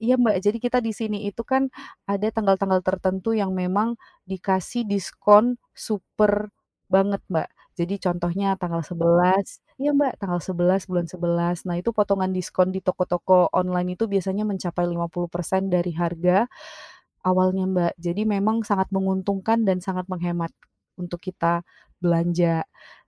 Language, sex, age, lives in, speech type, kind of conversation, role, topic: Indonesian, female, 25-29, Indonesia, conversation, podcast, guest, Apa saja tips belanja hemat tetapi tetap mendapatkan bahan makanan yang enak?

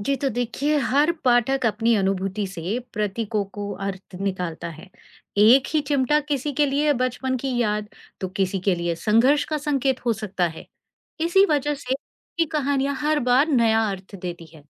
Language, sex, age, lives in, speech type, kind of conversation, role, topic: Hindi, female, 40-44, India, read, expert-assistant, expert, अगर मैं हिंदी और अंग्रेज़ी साहित्य की तुलना करना चाहूँ, तो शुरुआत कैसे करूँ?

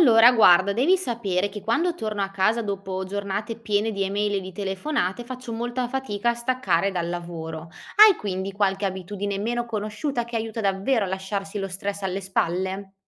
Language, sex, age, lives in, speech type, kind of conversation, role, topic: Italian, female, 25-29, Italy, read, expert-assistant, asker, Ci sono modi alternativi per gestire lo stress che potremmo esplorare insieme?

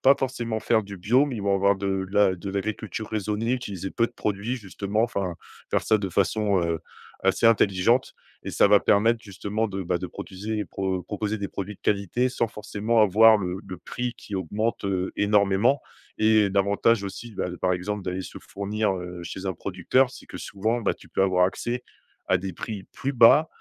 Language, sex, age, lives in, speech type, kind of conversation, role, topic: French, male, 30-34, France, conversation, advice, advisor, Comment faire pour acheter des aliments frais et sains avec un budget serré ?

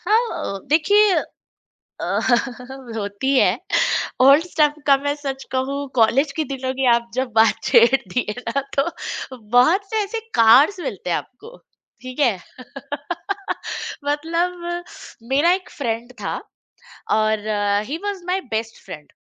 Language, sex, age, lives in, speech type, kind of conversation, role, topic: Hindi, female, 35-39, India, conversation, podcast, guest, Old cheezein rakhne ya hataane ka tumhara kya niyam hai?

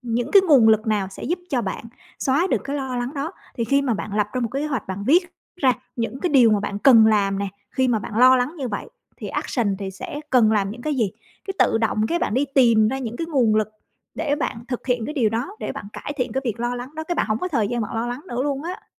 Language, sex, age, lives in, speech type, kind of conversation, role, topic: Vietnamese, female, 35-39, Vietnam, conversation, advice, advisor, Làm thế nào để giảm lo âu về tương lai?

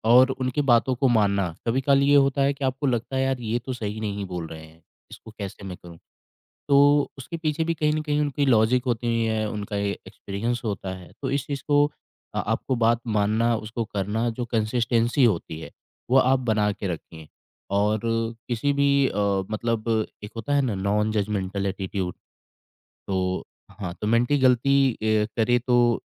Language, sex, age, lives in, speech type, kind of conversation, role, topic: Hindi, male, 25-29, India, conversation, podcast, guest, Mentor-mentee relationship ko mazboot kaise banaya jaata hai?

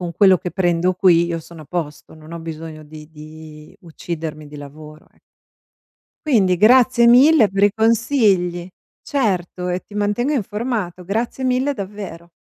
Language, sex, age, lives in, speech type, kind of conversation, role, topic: Italian, female, 45-49, United States, conversation, advice, user, Come posso costruire una reputazione professionale solida nel mio lavoro?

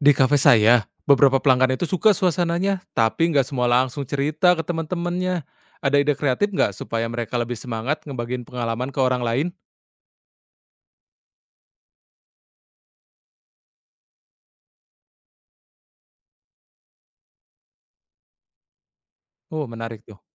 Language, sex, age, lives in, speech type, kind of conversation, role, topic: Indonesian, male, 30-34, Indonesia, read, expert-assistant, asker, Apa ide kreatif yang bisa digunakan agar pelanggan mau menceritakan pengalaman mereka ke teman-teman?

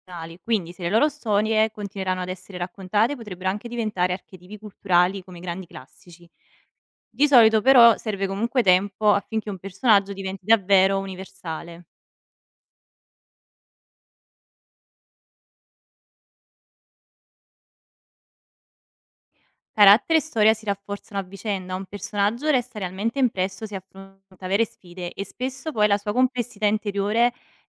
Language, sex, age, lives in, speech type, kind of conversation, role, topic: Italian, female, 25-29, Italy, read, expert-assistant, expert, Cosa rende un personaggio letterario universale nel tempo?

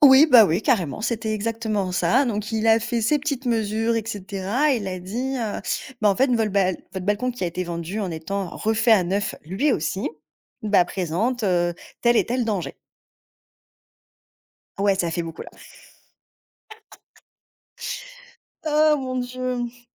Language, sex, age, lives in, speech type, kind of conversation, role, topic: French, female, 30-34, France, conversation, advice, user, Comment surmonter ma peur de prendre des risques financiers ou d’investir par crainte d’échouer ?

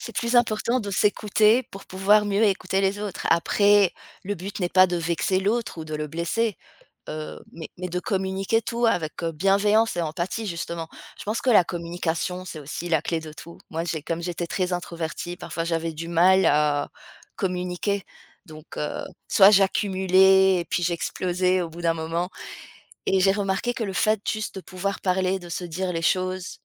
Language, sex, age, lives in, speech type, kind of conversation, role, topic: French, female, 35-39, France, conversation, podcast, guest, Comment montrer de l’empathie sans minimiser ce que l’autre ressent ?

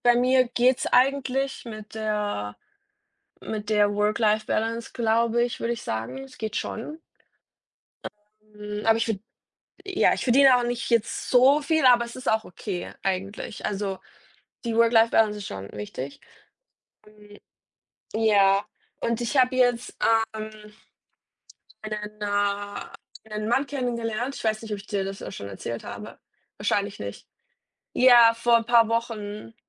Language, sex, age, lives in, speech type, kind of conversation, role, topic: German, female, 30-34, Germany, conversation, unstructured, none, Wie beeinflusst die Ernährung deine körperliche Fitness?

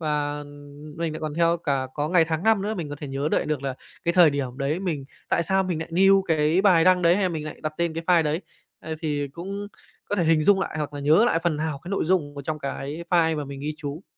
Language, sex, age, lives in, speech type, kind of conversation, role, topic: Vietnamese, male, 25-29, Japan, conversation, podcast, guest, Làm sao sắp xếp kiến thức từ nhiều nguồn cho gọn gàng và dễ tìm lại?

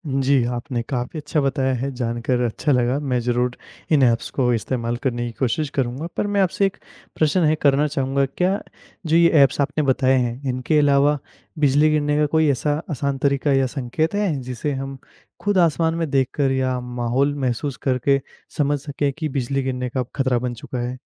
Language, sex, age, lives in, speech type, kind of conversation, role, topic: Hindi, male, 20-24, India, read, expert-assistant, asker, बिजली बादलों में कैसे बनती है?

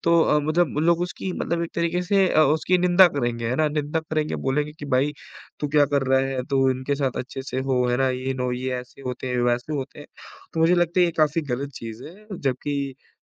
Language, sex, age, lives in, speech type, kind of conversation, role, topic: Hindi, male, 18-19, India, conversation, unstructured, none, आपको क्या लगता है कि हमारे समाज में भेदभाव क्यों बढ़ रहा है?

